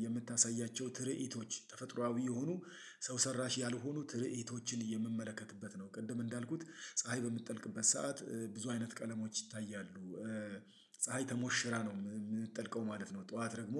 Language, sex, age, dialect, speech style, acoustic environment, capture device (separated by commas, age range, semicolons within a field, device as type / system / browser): Amharic, male, 35-44, Ethiopia - Addis Ababa, excited, silence, mobile / Linux / Chrome